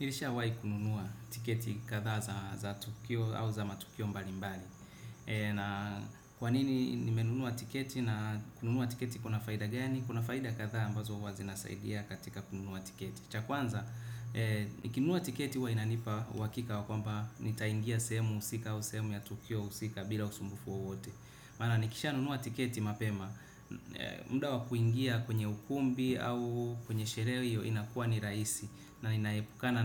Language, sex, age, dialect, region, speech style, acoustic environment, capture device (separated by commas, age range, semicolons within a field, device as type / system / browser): Swahili, male, 25-34, Tanzania - Dar es Salaam, Tanzania, relaxed, silence, desktop / Windows / Chrome